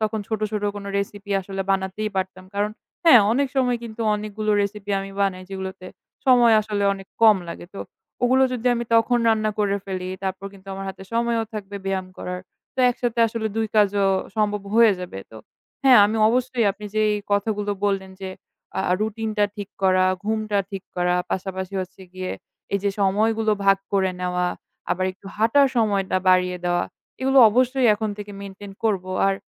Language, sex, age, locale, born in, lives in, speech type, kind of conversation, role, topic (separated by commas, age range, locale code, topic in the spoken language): Bengali, female, 20-24, bn-BD, Bangladesh, Bangladesh, conversation, advice, user, নিয়মিত ব্যায়াম ও স্বাস্থ্যকর রুটিন বজায় রাখতে আপনার কী কী সমস্যা হয়?